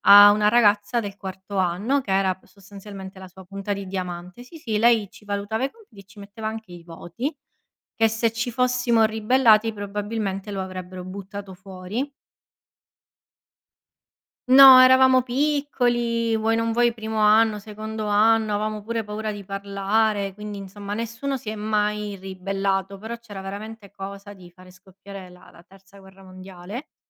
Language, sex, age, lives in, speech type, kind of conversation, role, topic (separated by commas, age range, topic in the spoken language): Italian, female, 30-34, Italy, conversation, unstructured, none, Hai mai avuto un insegnante che ti ha ispirato?